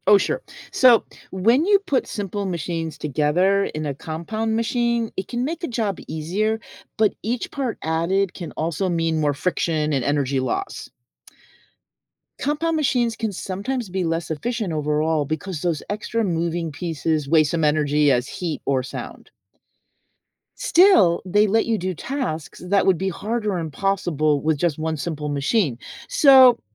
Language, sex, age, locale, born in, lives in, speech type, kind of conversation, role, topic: English, female, 60-64, en-US, United States, United States, read, expert-assistant, expert, How do simple machines make work easier?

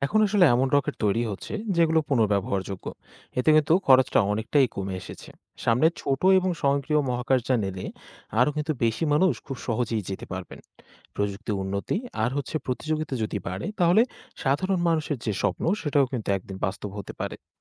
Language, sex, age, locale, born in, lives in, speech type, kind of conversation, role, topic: Bengali, male, 20-24, bn-BD, Bangladesh, Bangladesh, read, expert-assistant, expert, মহাকাশে যাত্রা আরও সহজ ও সস্তা করতে কী ধরনের নতুন পদ্ধতি ভাবা যায়?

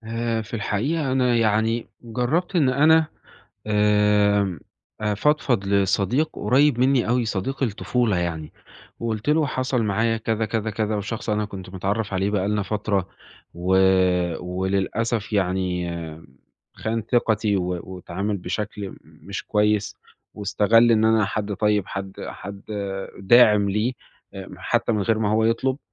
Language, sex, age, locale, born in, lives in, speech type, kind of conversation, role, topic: Arabic, male, 20-24, ar-EG, Egypt, Italy, conversation, advice, user, إزاي بتوصف إحساسك بفقدان الثقة بعد خيانة أو خذلان من صاحبك؟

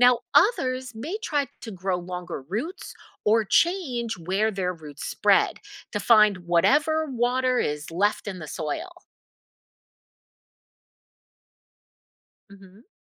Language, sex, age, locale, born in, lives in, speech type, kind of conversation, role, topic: English, female, 50-54, en-US, United States, United States, read, expert-assistant, expert, How do plants adapt to different environments?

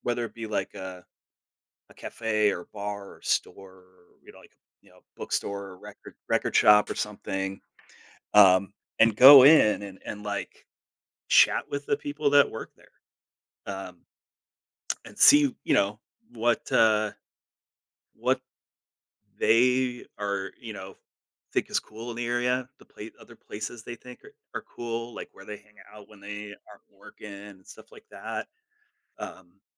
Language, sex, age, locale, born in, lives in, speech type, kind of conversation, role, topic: English, male, 40-44, en-US, United States, United States, conversation, podcast, guest, What are some effective ways to build meaningful connections in unfamiliar environments?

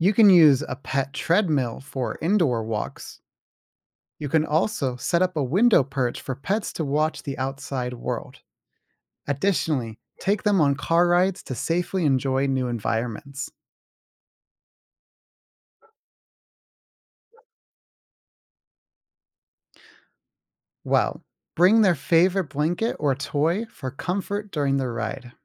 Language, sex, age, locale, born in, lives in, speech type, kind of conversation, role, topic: English, male, 20-24, en-US, United States, United States, read, expert-assistant, expert, How do I provide enrichment for my pet in the winter?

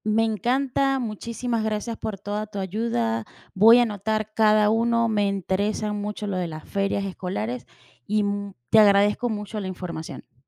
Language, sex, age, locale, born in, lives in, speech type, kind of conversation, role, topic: Spanish, female, 40-44, es-VE, Venezuela, United States, read, expert-assistant, asker, ¿Cómo equilibrar lo tradicional y lo nuevo en las ferias comunitarias?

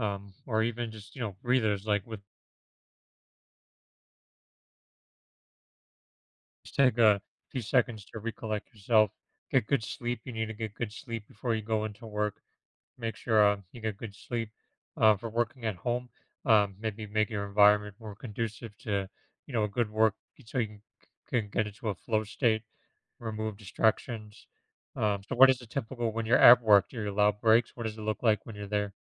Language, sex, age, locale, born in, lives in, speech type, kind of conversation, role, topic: English, male, 35-39, en-US, United States, United States, conversation, advice, advisor, How can I prevent burnout when working long hours from home?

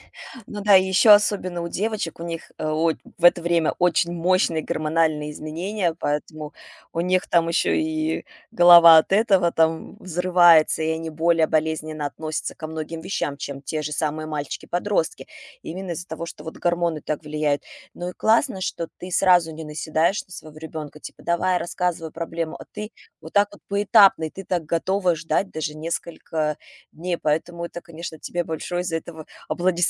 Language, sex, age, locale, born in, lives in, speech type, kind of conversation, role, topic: Russian, female, 35-39, ru-RU, Russia, United States, conversation, podcast, host, Как общаться с подростком, который замыкается в себе?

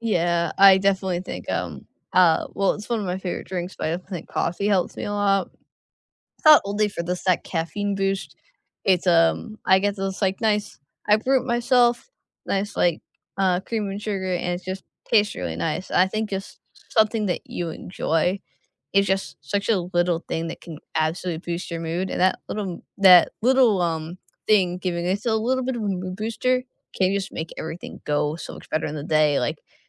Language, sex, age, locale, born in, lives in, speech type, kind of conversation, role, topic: English, female, 20-24, en-US, United States, United States, conversation, unstructured, none, What small daily choices have the biggest impact on how your day goes?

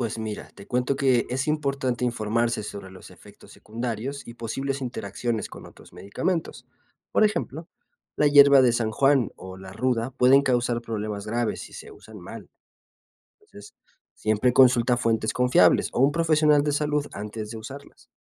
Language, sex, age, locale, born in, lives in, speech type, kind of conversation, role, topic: Spanish, male, 25-29, es-MX, Mexico, Mexico, read, expert-assistant, expert, ¿Es verdad que algunas plantas usadas en la medicina tradicional curan igual que los medicamentos modernos?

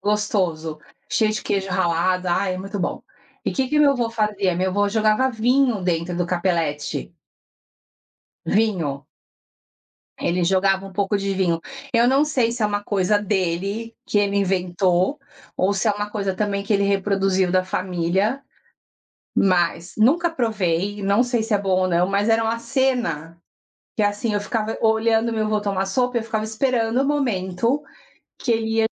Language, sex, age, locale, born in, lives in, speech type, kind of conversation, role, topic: Portuguese, female, 50-54, pt-BR, Brazil, United States, conversation, podcast, guest, De que jeito a comida da família carrega valores e memórias?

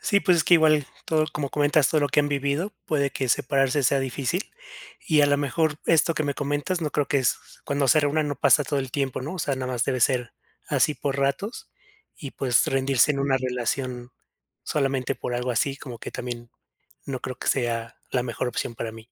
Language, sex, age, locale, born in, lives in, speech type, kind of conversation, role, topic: Spanish, male, 30-34, es-MX, Mexico, Mexico, conversation, advice, advisor, ¿Cómo puedo vivir de forma auténtica de acuerdo con mis valores cuando me siento presionado?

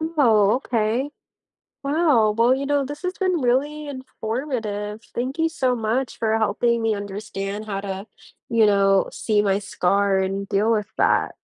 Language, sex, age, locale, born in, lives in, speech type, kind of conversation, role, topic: English, female, 20-24, en-US, United States, United States, read, expert-assistant, asker, How does your body heal a cut or scrape?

